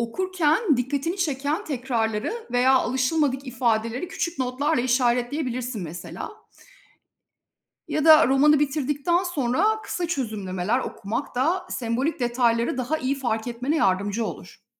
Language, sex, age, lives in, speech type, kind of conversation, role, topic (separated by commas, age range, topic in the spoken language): Turkish, female, 45-49, Spain, read, expert-assistant, expert, Klasik romanları daha iyi anlamak için hangi yöntemler kullanılabilir?